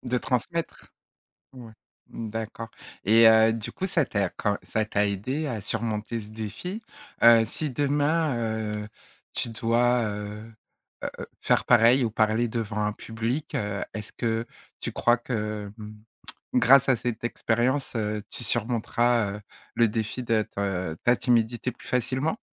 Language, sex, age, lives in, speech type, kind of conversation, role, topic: French, female, 40-44, France, conversation, podcast, host, Parle-nous d'un défi que tu as surmonté grâce à ce loisir ?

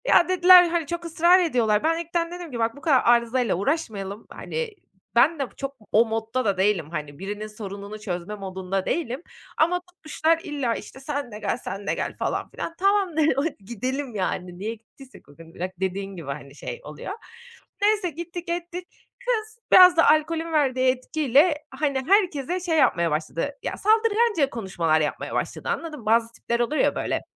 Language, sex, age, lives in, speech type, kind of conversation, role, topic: Turkish, female, 30-34, Netherlands, conversation, advice, user, Yeni insanlarla tanışırken neden bu kadar gergin hissediyorum ve bu korkuyu nasıl aşabilirim?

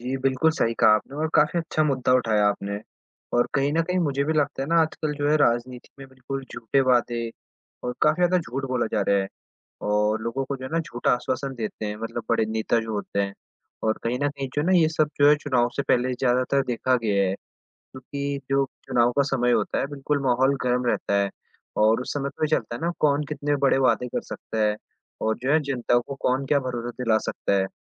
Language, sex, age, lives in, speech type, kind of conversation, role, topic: Hindi, male, 18-19, India, conversation, unstructured, none, राजनीति में झूठ बोलना कब तक सही माना जाएगा?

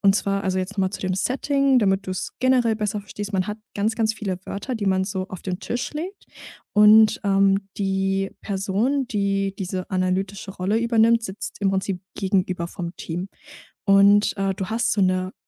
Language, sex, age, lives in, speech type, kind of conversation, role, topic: German, female, 20-24, Germany, conversation, podcast, guest, Wie bereitest du ein entspanntes Dinner für Freunde vor?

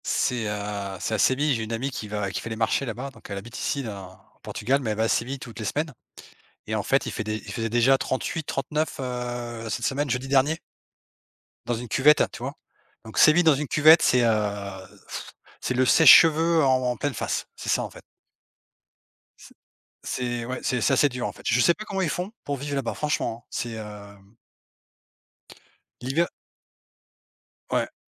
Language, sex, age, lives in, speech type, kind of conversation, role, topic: French, male, 45-49, Portugal, conversation, unstructured, none, Qu’est-ce qui rend un repas vraiment réussi pour toi ?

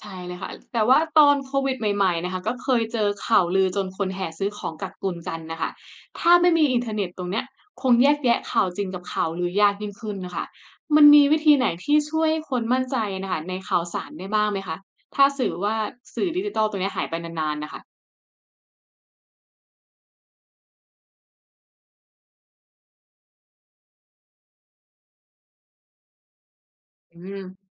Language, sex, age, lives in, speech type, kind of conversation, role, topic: Thai, female, 25-29, Thailand, read, expert-assistant, asker, ถ้าสื่อดิจิทัลทั้งหมดหยุดทำงานทั่วประเทศเป็นเวลา 1 สัปดาห์ ชีวิตของคนไทยจะเปลี่ยนไปอย่างไรบ้าง และสังคมจะปรับตัวอย่างไร?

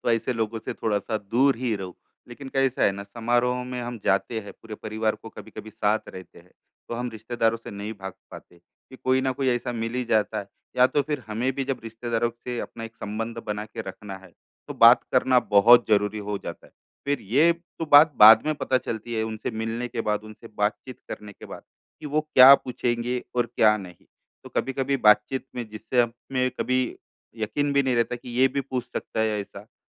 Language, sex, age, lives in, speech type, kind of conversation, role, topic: Hindi, male, 35-39, India, conversation, advice, user, समारोहों में सामाजिक दबाव को कैसे संभाला जा सकता है?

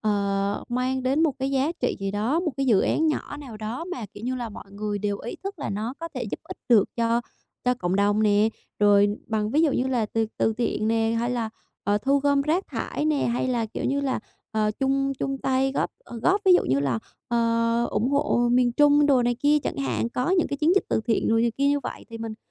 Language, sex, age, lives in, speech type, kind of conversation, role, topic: Vietnamese, female, 25-29, Vietnam, conversation, advice, user, Làm sao để kết nối với cộng đồng và cảm thấy mình có ích?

